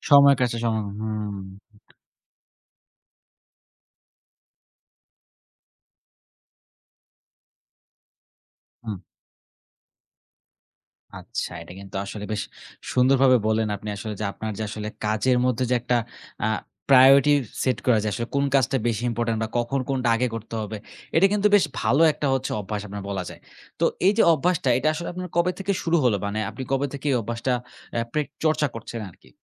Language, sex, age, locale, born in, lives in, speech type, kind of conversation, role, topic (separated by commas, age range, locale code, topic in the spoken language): Bengali, male, 20-24, bn-BD, Bangladesh, Bangladesh, conversation, podcast, host, তুমি যে অভ্যাসটা শিখেছো আর সেটা আজও কাজে লাগে, সেটা কী?